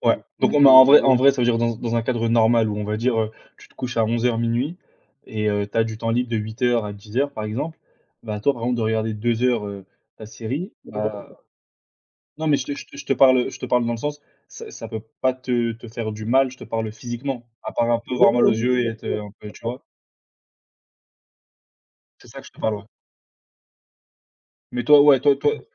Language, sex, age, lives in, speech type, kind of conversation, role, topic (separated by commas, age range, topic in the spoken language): French, male, 20-24, France, conversation, unstructured, none, Comment as-tu découvert ton passe-temps préféré ?